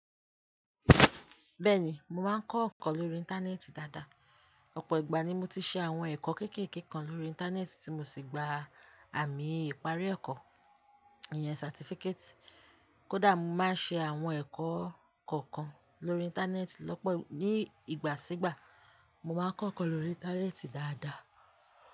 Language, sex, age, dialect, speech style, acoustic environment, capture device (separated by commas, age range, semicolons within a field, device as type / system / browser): Yoruba, female, 25-34, Nigeria - Ibadan, focused, people talking, mobile / Linux / Chrome